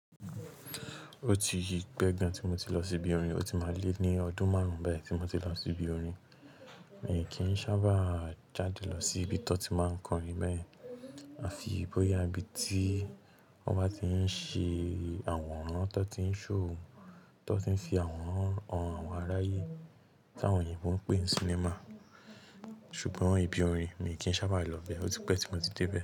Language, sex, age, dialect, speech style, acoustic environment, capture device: Yoruba, male, 18-24, Nigeria - Lagos Yoruba, relaxed, silence, mobile / Linux / Chrome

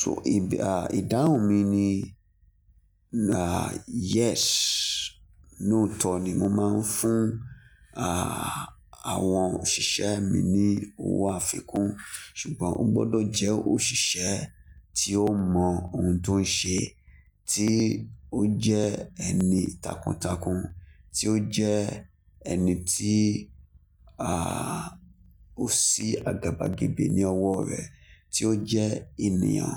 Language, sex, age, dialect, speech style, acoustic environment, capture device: Yoruba, male, 25-34, Nigeria - Lagos Yoruba, focused, nature, mobile / Linux / Chrome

